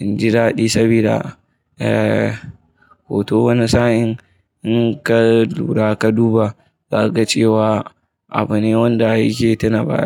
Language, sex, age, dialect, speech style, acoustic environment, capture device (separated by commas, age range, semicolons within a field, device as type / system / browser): Hausa, male, 25-34, Nigeria - Kano, happy; excited, people talking; traffic; appliances, mobile / Linux / Chrome